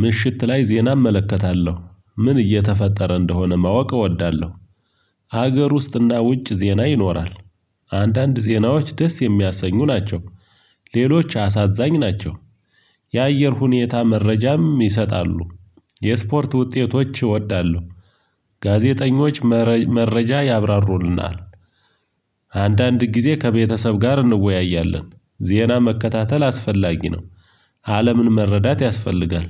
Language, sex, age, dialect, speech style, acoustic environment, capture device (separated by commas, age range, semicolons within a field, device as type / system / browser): Amharic, male, 35-44, Ethiopia - Gojjam, relaxed, silence; nature, mobile / Linux / Chrome